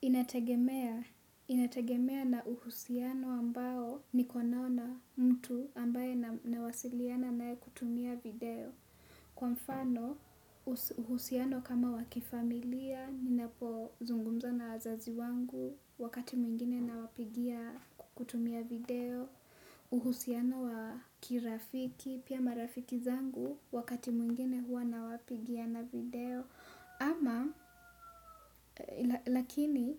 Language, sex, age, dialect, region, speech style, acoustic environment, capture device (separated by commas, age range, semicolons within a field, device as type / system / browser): Swahili, female, 18-24, Kenya - Nairobi Sheng-influenced, Kenya, relaxed; focused, nature; traffic; silence, desktop / Windows / Chrome